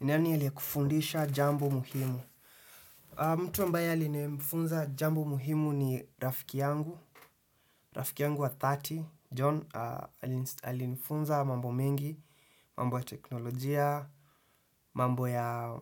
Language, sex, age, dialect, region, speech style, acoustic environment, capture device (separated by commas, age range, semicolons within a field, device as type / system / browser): Swahili, male, 18-24, Kenya - Nairobi Sheng-influenced, Kenya, happy, silence, desktop / Windows / Chrome